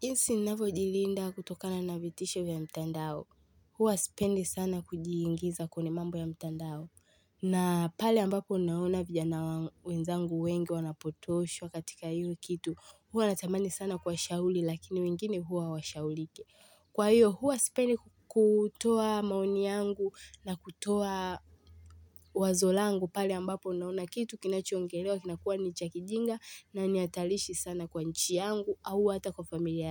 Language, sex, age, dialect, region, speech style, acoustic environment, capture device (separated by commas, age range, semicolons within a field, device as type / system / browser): Swahili, female, 18-24, Tanzania - Dar es Salaam, Tanzania, relaxed, people talking, mobile / Linux / Chrome